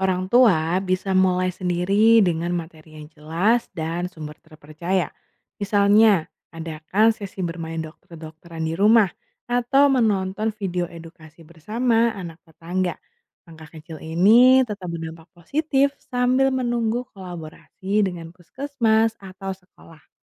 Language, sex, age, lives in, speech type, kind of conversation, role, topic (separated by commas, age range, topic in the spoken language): Indonesian, female, 25-29, Indonesia, read, expert-assistant, expert, Bagaimana mengatasi tantangan ketika keluarga lebih memilih pengobatan warisan leluhur daripada pergi ke dokter?